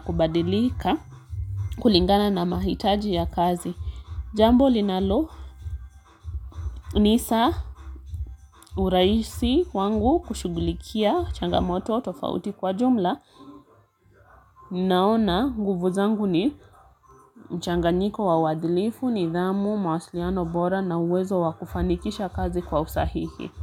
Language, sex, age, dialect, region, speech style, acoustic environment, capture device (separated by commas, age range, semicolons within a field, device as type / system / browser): Swahili, female, 25-34, Kenya - Mombasa, Kenya, relaxed, music, mobile / Linux / Chrome